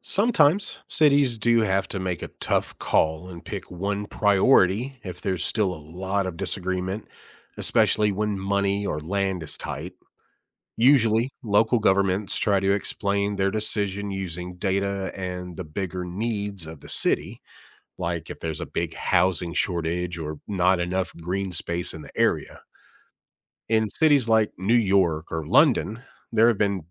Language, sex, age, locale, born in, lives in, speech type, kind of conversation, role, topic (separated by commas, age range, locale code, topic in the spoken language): English, male, 40-44, en-US, United States, United States, read, expert-assistant, expert, Should cities focus on transit, parks, or housing?